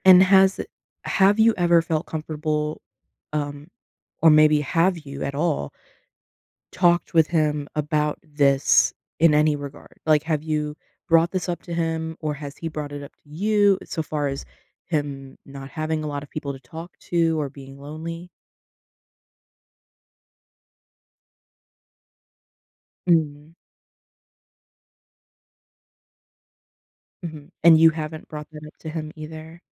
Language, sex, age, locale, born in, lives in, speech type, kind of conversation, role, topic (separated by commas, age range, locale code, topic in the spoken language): English, female, 25-29, en-US, United States, United States, conversation, advice, advisor, How can I find daily personal time while meeting family responsibilities?